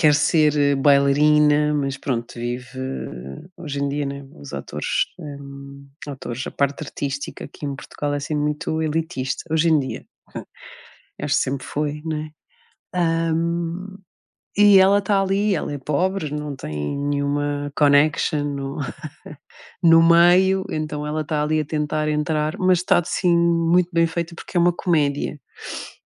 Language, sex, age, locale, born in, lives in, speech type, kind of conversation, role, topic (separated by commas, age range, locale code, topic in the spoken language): Portuguese, female, 40-44, pt-PT, Portugal, Portugal, conversation, unstructured, none, Quais são as suas séries de TV favoritas e por que elas são tão cativantes?